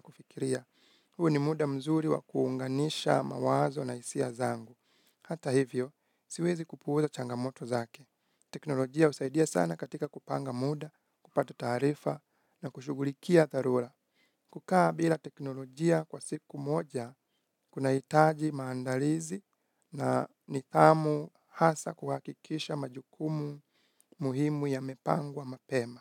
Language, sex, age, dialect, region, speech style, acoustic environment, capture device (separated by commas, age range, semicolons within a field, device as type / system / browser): Swahili, male, 25-34, Kenya - Nairobi Sheng-influenced, Kenya, relaxed, nature, mobile / Linux / Chrome